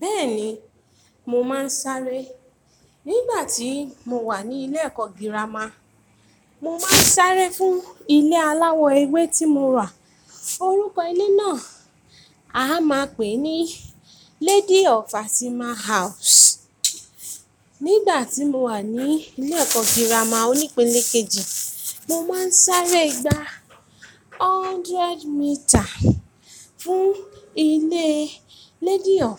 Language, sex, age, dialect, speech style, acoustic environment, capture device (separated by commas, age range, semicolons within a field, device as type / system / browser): Yoruba, female, 25-34, Nigeria - Ibadan, tired, construction, mobile / Linux / Chrome